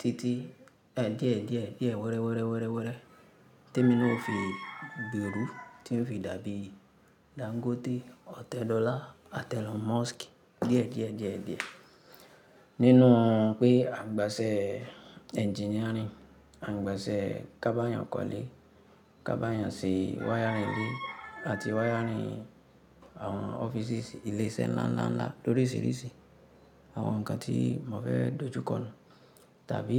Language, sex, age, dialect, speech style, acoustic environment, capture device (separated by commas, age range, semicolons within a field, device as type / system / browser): Yoruba, female, 25-34, Nigeria - Ibadan, relaxed, nature, mobile / Linux / Chrome